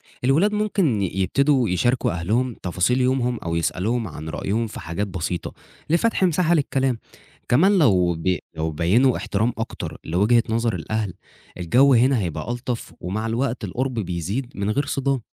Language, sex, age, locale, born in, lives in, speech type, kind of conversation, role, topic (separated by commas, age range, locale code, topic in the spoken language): Arabic, male, 20-24, ar-EG, Egypt, Egypt, read, expert-assistant, expert, إيه مميزات وعيوب إن الأهل يكونوا صحاب لأولادهم بدل ما يكون في مسافة رسمية بينهم؟